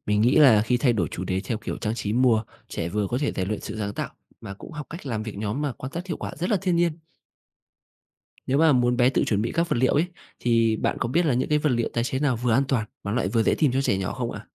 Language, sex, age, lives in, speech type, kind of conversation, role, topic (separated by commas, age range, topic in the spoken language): Vietnamese, male, 25-29, Vietnam, read, expert-assistant, asker, Làm thế nào để giảm thiểu tác động của rác thải nhựa đối với sinh vật biển một cách thực tiễn nhất?